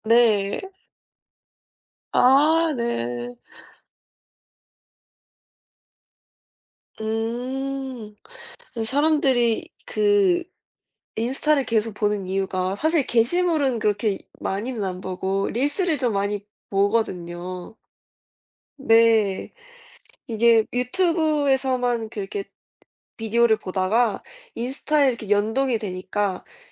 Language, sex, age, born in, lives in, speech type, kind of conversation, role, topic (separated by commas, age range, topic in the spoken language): Korean, female, 20-24, South Korea, United States, conversation, unstructured, none, 요즘 사람들이 가장 많이 사용하는 사회관계망서비스는 무엇인가요?